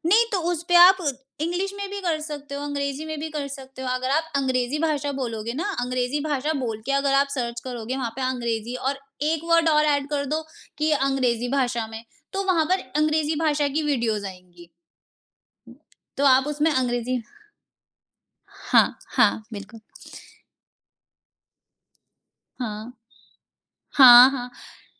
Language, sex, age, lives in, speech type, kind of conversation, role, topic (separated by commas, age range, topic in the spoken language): Hindi, female, 25-29, India, conversation, podcast, guest, कौन सी ऐप ने आपकी ज़िंदगी सबसे ज़्यादा आसान बनाई है और क्यों?